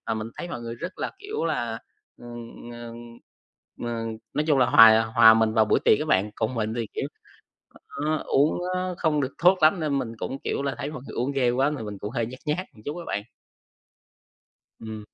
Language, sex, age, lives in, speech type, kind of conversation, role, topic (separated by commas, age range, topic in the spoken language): Vietnamese, male, 20-24, Vietnam, conversation, advice, user, Làm sao để tôi dễ hòa nhập hơn trong các buổi tụ tập xã hội?